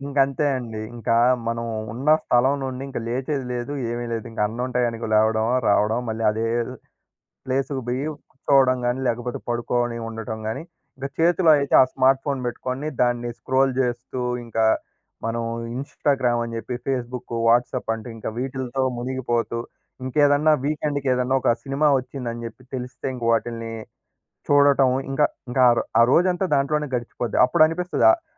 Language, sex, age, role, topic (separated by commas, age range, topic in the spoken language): Telugu, male, 20-24, guest, స్మార్ట్‌ఫోన్ వినియోగాన్ని నియంత్రించడానికి మీ సూచనలు ఏమిటి?